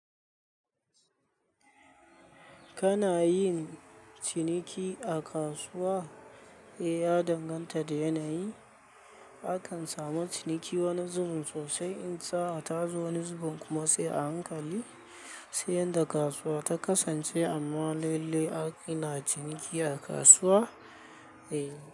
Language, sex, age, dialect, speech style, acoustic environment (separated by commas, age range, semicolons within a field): Hausa, male, 25-34, Nigeria - Kano, happy; anxious, people talking; nature